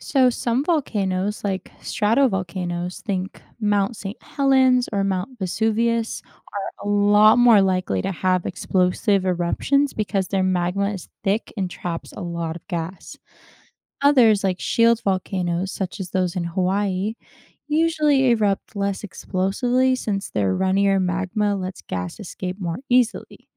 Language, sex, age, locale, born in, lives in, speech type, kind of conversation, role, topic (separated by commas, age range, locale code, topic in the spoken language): English, female, 20-24, en-US, United States, United States, read, expert-assistant, expert, What happens inside a volcano during an eruption?